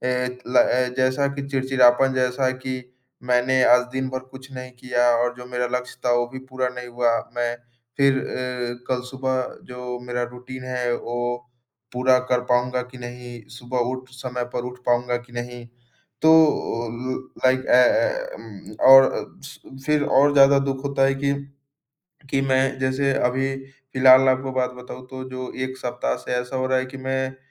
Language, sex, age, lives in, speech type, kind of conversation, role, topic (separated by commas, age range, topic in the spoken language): Hindi, male, 20-24, India, conversation, advice, user, मैं रोज़ समय पर उठकर नियमित दिनचर्या क्यों नहीं बना पाता/पाती हूँ?